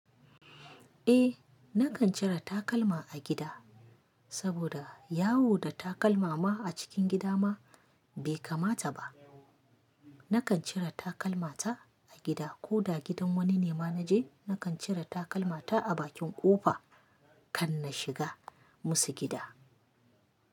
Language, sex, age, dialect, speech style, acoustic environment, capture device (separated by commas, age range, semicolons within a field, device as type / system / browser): Hausa, female, 25-34, Nigeria - Kano, happy; anxious; excited; focused, nature; silence, mobile / Linux / Chrome